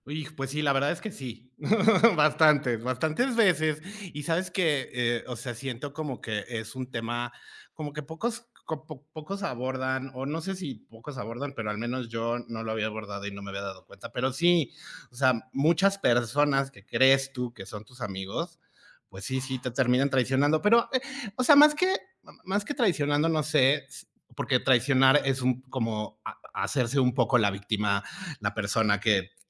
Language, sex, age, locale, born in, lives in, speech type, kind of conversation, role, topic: Spanish, male, 45-49, es-MX, Mexico, Mexico, conversation, unstructured, none, ¿Alguna vez has sentido que un amigo te traicionó?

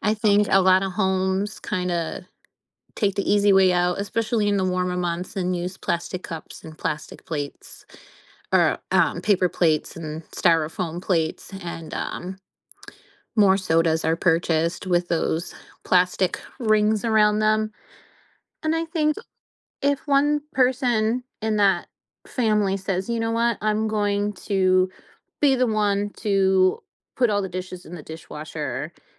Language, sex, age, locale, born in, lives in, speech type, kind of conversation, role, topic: English, female, 40-44, en-US, United States, United States, conversation, podcast, guest, What motivates people to take action for the environment?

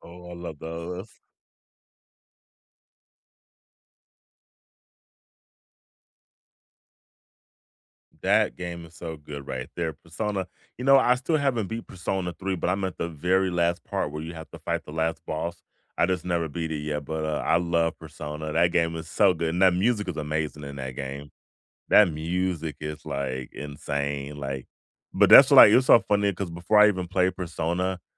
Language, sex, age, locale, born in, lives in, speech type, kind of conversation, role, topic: English, male, 35-39, en-US, United States, United States, conversation, unstructured, none, Which fictional world would you choose to live in for a week, and why?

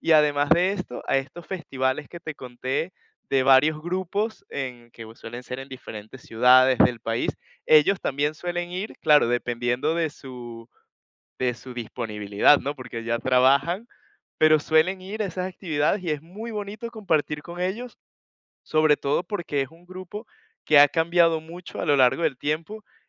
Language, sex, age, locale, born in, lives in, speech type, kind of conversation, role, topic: Spanish, male, 20-24, es-VE, Venezuela, Portugal, conversation, podcast, guest, ¿Cómo descubriste tu pasión creativa?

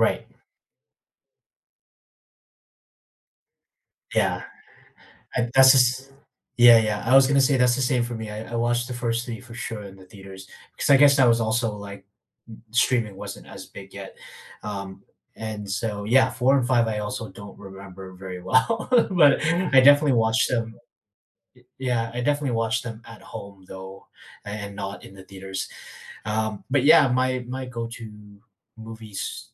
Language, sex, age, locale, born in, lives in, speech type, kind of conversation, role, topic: English, male, 40-44, en-CA, Canada, Canada, conversation, unstructured, none, What are your movie theater rituals and your perfect snack combo, and what stories are behind them?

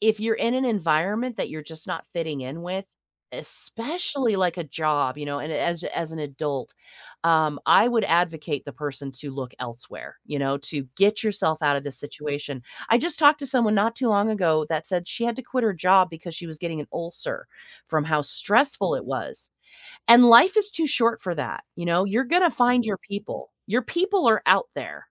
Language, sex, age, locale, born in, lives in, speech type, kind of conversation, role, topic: English, female, 45-49, en-US, United States, United States, conversation, podcast, guest, What are some healthy ways to stay true to yourself when you feel pressure to fit in?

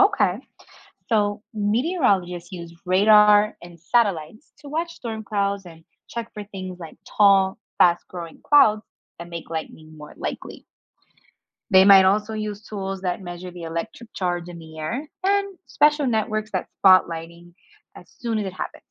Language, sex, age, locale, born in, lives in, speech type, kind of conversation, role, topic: English, female, 25-29, en-US, United States, United States, read, expert-assistant, expert, What makes lightning and thunder happen during a storm?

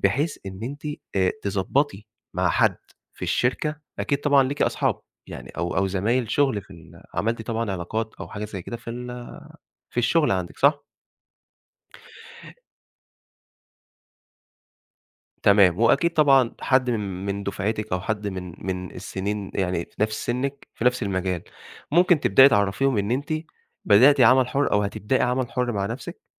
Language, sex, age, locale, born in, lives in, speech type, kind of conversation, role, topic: Arabic, male, 25-29, ar-EG, Egypt, Egypt, conversation, advice, advisor, إزاي أختار بين إني أكمّل في شغلانة مستقرة ولا أبدأ شغل حر؟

